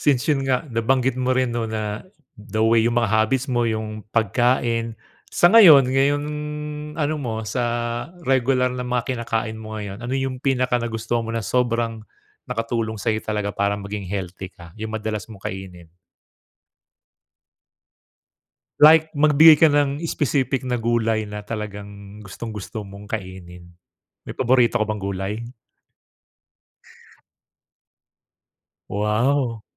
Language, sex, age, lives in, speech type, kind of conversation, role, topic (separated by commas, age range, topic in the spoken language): Filipino, male, 45-49, Philippines, conversation, podcast, host, Paano mo sinisimulan ang umaga para maging mas malusog ang araw mo?